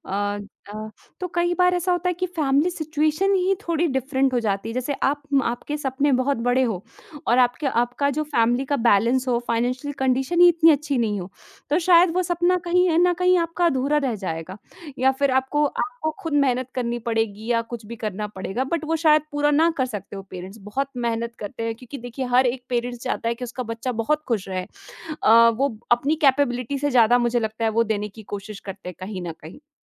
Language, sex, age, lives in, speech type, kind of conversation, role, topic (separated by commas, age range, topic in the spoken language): Hindi, female, 20-24, India, conversation, podcast, guest, लंबा लक्ष्य चुनते समय आप छोटे कदम कैसे तय करते हैं?